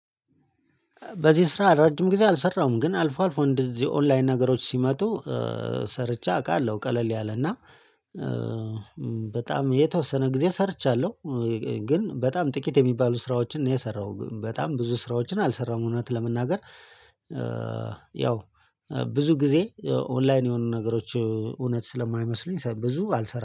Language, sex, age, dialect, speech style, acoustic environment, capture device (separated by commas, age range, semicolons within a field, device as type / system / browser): Amharic, male, 35-44, Ethiopia - Addis Ababa, excited, people talking, mobile / Linux / Chrome